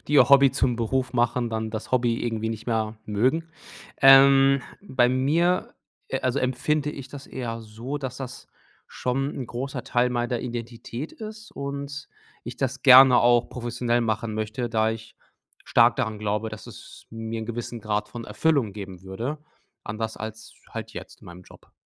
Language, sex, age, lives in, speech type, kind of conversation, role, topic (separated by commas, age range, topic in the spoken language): German, male, 30-34, Germany, conversation, advice, user, Wie finde ich meine wichtigsten Fähigkeiten und entwickle sie weiter?